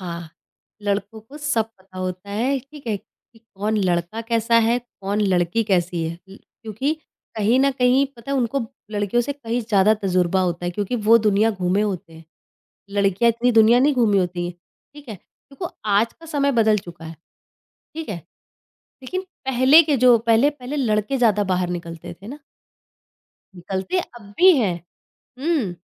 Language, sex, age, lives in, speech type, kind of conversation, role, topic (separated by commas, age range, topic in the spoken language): Hindi, female, 30-34, India, conversation, podcast, guest, Toxic dost ko handle karne ke liye tum kya salah doge?